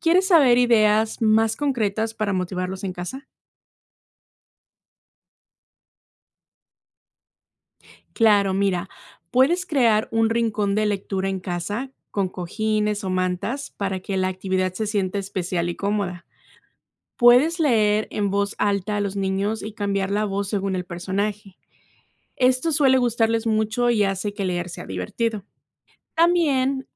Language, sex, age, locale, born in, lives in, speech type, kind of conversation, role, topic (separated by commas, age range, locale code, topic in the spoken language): Spanish, female, 30-34, es-MX, Mexico, United States, read, expert-assistant, expert, ¿Por qué es esencial fomentar la lectura en la infancia?